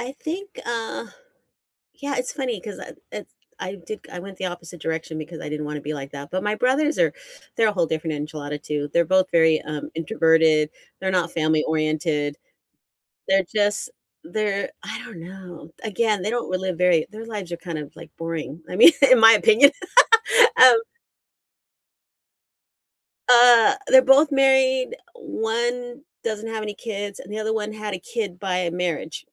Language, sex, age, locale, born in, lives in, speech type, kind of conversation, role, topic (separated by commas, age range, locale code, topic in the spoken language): English, female, 55-59, en-US, United States, United States, conversation, unstructured, none, How should I handle family disagreements during the holidays?